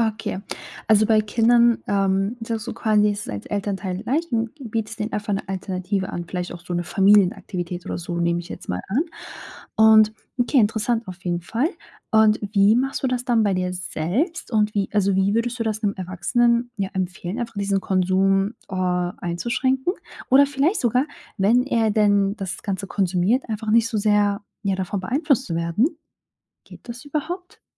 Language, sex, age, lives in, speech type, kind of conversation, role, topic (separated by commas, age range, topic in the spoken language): German, female, 25-29, Germany, conversation, podcast, host, Wie beeinflussen soziale Medien deine Stimmung im Alltag?